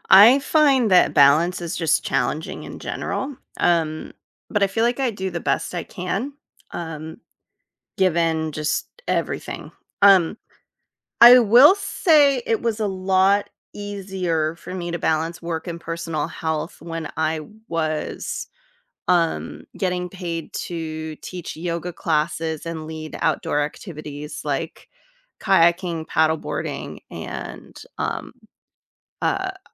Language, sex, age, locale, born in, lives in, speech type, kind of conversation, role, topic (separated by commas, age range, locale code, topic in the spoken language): English, female, 35-39, en-US, United States, United States, conversation, podcast, guest, What are effective ways to maintain a healthy balance between work and personal wellbeing?